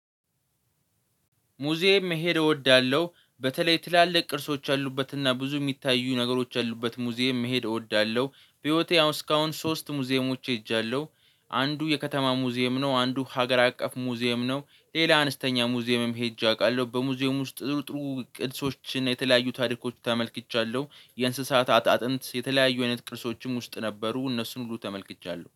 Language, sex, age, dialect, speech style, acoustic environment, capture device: Amharic, male, 18-24, Ethiopia - Addis Ababa, relaxed, nature, mobile / Linux / Chrome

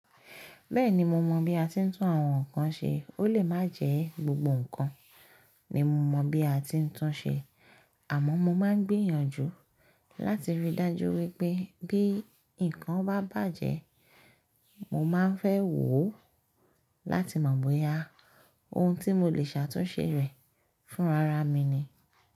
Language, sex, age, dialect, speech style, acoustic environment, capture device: Yoruba, female, 25-34, Nigeria - Lagos Yoruba, focused; happy, nature, mobile / Linux / Chrome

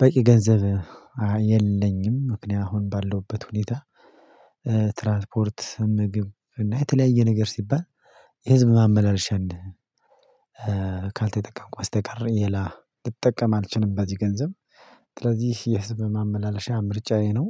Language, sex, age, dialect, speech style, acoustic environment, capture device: Amharic, male, 25-34, Ethiopia - Addis Ababa, excited; happy; anxious; tired; relaxed; nervous; focused; stressed, silence; people talking; music; traffic; construction; appliances; nature, mobile / Linux / Chrome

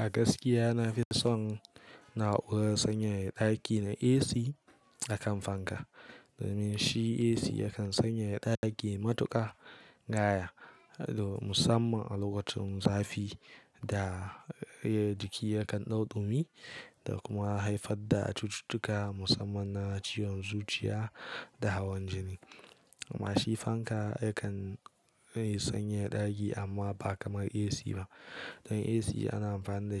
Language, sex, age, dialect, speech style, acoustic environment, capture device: Hausa, male, 18-24, Nigeria - Kano, relaxed; focused, silence; nature, mobile / Linux / Chrome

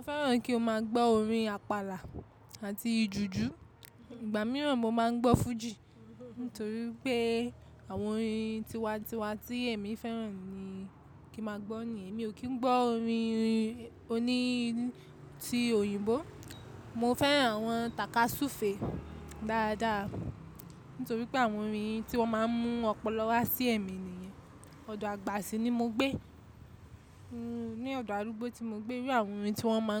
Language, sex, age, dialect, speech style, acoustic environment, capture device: Yoruba, female, 25-34, Nigeria - Lagos Yoruba, relaxed, people talking, mobile / macOS / Safari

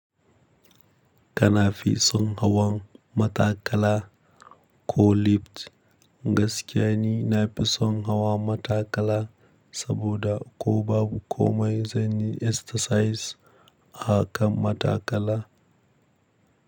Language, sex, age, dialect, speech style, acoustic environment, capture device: Hausa, male, 25-34, Nigeria - Kano, happy, nature, mobile / Linux / Chrome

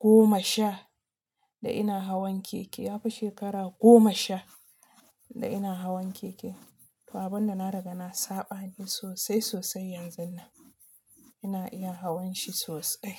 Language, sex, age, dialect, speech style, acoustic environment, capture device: Hausa, female, 25-34, Nigeria - Nigerian Standard, relaxed, silence, mobile / Linux / Chrome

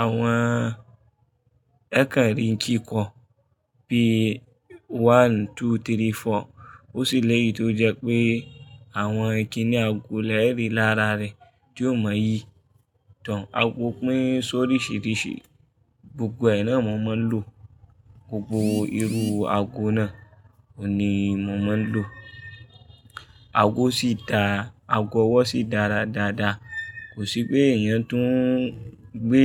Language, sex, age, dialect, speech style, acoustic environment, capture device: Yoruba, male, 25-34, Nigeria - Kano, happy; focused, people talking; traffic; appliances, mobile / Linux / Chrome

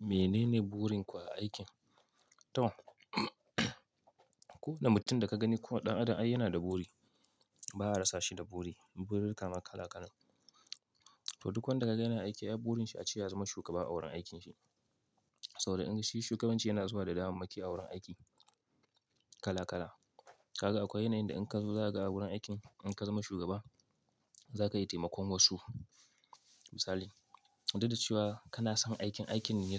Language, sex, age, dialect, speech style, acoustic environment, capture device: Hausa, male, 25-34, Nigeria - Kano, focused, nature, mobile / Linux / Chrome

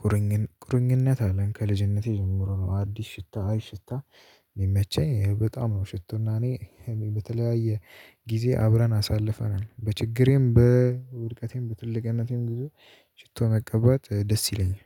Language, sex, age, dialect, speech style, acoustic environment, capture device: Amharic, male, 18-24, Ethiopia - Addis Ababa, tired; nervous; excited, silence; nature; music, mobile / Linux / Chrome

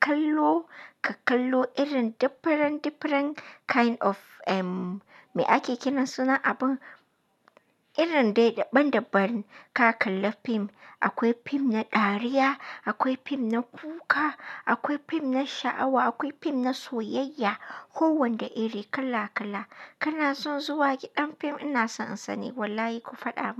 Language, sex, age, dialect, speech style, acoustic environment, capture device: Hausa, female, 25-34, Nigeria - Katsina, happy; relaxed, nature, mobile / Linux / Chrome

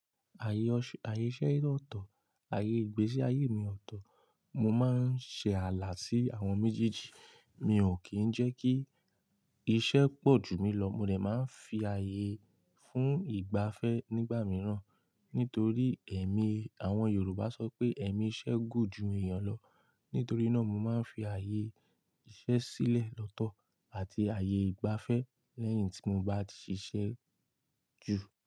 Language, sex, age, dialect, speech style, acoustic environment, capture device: Yoruba, male, 18-24, Nigeria - Ibadan, relaxed; happy; focused, nature, mobile / Linux / Chrome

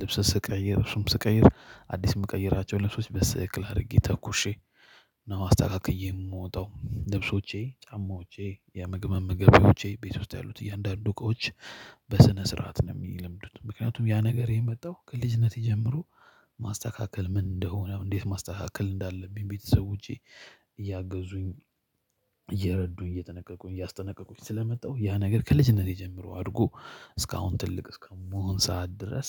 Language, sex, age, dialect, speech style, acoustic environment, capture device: Amharic, male, 25-34, Ethiopia - Addis Ababa, happy, silence, mobile / Linux / Chrome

